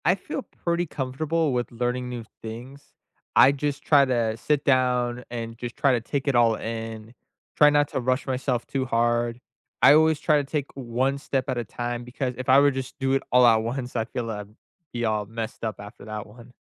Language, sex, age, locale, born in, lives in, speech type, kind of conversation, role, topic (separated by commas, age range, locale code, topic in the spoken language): English, male, 20-24, en-US, United States, United States, conversation, unstructured, none, What’s the best thing about learning something new?